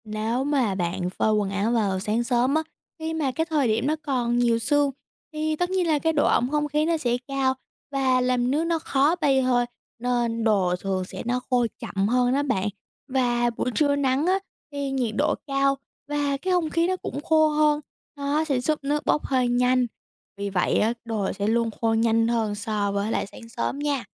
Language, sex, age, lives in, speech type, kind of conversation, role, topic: Vietnamese, female, 18-19, United States, read, expert-assistant, expert, Vì sao khi trời nắng, quần áo phơi nhanh khô?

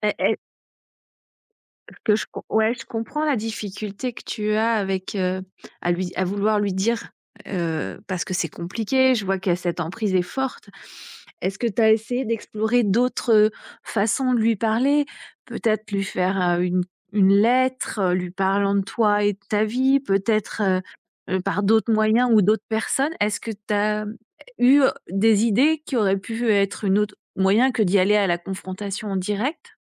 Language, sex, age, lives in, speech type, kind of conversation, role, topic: French, female, 45-49, France, conversation, advice, advisor, Comment puis-je fixer des limites avec ma famille ou mes amis ?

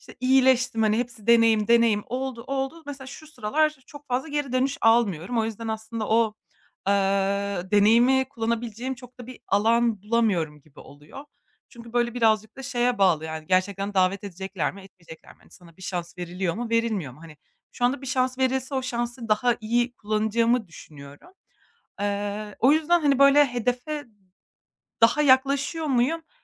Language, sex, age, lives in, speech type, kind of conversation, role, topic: Turkish, female, 25-29, Germany, conversation, advice, user, Hedefime ulaşırken sonuçları abartmadan ya da küçümsemeden nasıl dengede kalabilirim?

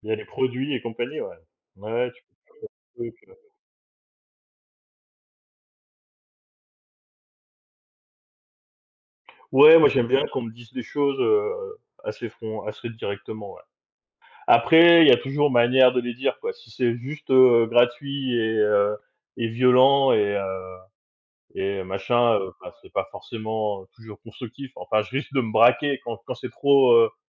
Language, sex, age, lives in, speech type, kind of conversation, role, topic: French, male, 40-44, France, conversation, unstructured, none, À quel point est-il important de dire la vérité, même si cela fait mal ?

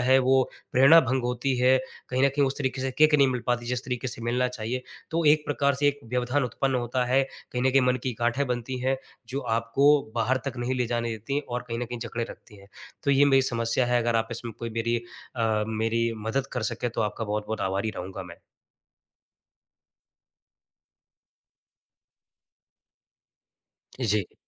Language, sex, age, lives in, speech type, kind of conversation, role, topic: Hindi, male, 25-29, India, conversation, advice, user, लगातार फोन की सूचनाओं से मेरा ध्यान बार-बार क्यों भटक जाता है?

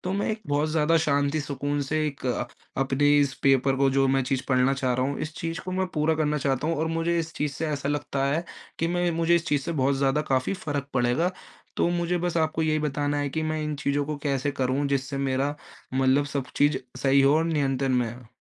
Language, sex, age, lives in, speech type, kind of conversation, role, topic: Hindi, male, 20-24, India, conversation, advice, user, मैं कैसे स्वीकार करूँ कि कुछ चीज़ें मेरे नियंत्रण में नहीं हैं और फिर आगे कैसे बढ़ूँ?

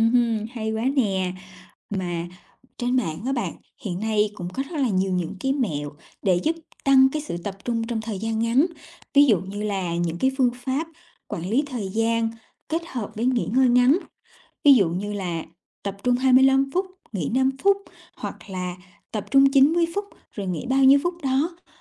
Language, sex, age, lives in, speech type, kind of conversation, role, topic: Vietnamese, female, 30-34, Vietnam, conversation, advice, advisor, Làm sao để rèn thói quen làm việc tập trung sâu khi tôi hay bị xao nhãng?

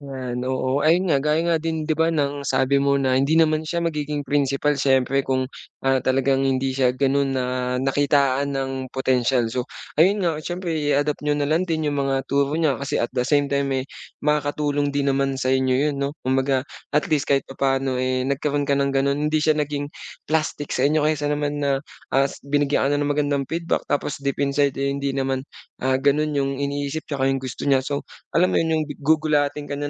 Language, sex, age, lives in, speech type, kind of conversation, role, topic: Filipino, male, 25-29, Philippines, conversation, advice, advisor, Paano ko tatanggapin ang puna upang magpatuloy sa paggawa?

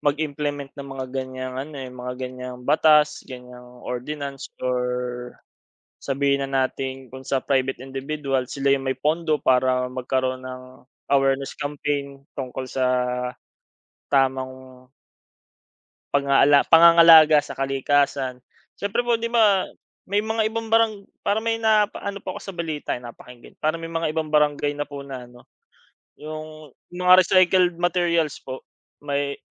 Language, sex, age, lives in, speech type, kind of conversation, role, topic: Filipino, male, 25-29, Philippines, conversation, unstructured, none, Ano ang palagay mo sa mga pinakabagong balita tungkol sa klima?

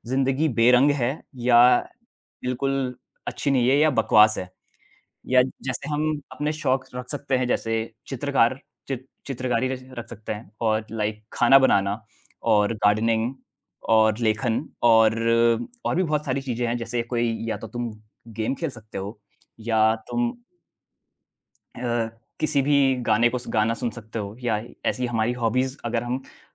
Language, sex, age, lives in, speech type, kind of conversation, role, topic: Hindi, male, 20-24, India, conversation, unstructured, none, आप तनाव को कम करने के लिए क्या-क्या करते हैं?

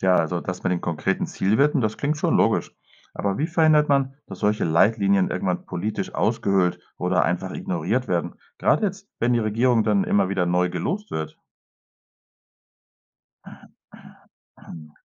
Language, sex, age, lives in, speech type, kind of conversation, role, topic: German, male, 45-49, Spain, read, expert-assistant, asker, Wie würde eine geloste Regierung funktionieren?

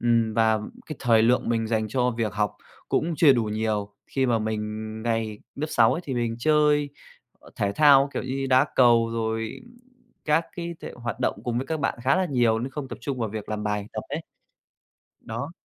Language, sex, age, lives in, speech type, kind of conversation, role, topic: Vietnamese, male, 25-29, Vietnam, conversation, podcast, guest, Bạn làm thế nào để không còn sợ thử lại sau khi thất bại?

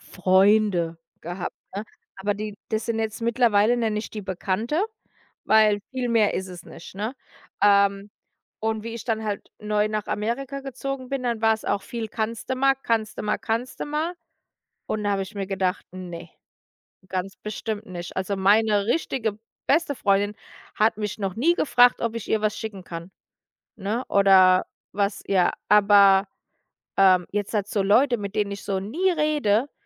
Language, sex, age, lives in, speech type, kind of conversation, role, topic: German, female, 35-39, United States, conversation, unstructured, none, Was macht für dich eine gute Freundschaft aus?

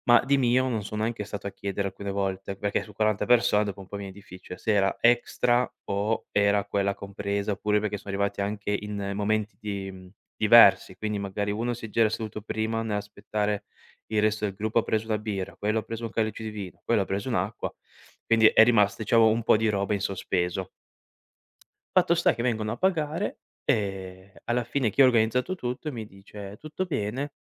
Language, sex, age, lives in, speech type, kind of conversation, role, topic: Italian, male, 25-29, Italy, conversation, podcast, guest, Raccontami di un errore che ti ha insegnato molto.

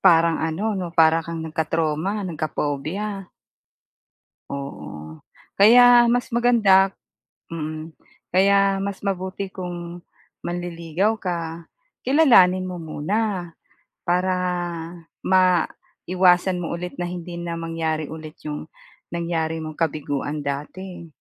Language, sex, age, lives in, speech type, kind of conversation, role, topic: Filipino, female, 45-49, Philippines, conversation, advice, advisor, Paano ko malalaman kung handa na ako sa bagong pag-ibig?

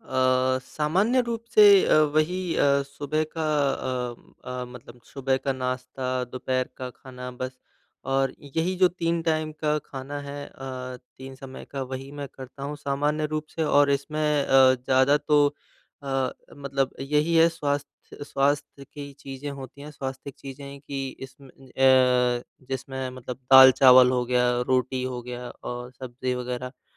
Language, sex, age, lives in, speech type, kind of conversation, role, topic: Hindi, male, 25-29, India, conversation, advice, user, बीच में नाश्ता करने की तलब कम करने के लिए मैं योजना कैसे बनाऊँ और अपनी तलब बढ़ाने वाले कारणों को कैसे संभालूँ?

